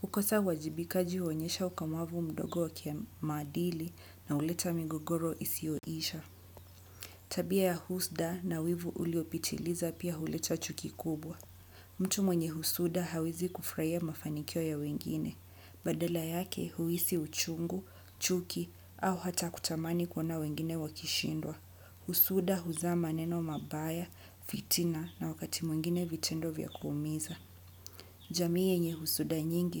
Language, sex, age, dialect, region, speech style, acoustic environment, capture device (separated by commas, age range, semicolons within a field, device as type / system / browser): Swahili, female, 25-34, Kenya - Mombasa, Kenya, happy, nature; people talking; construction; appliances, desktop / Windows / Chrome